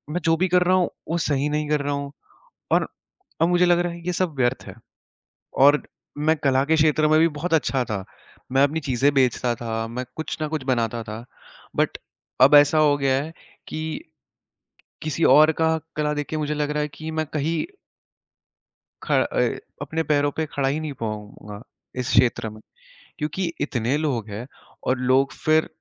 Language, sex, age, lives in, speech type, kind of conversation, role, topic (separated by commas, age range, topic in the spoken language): Hindi, male, 20-24, India, conversation, advice, user, सोशल मीडिया पर दूसरों से तुलना करने के कारण आपकी प्रेरणा कैसे कम हुई है?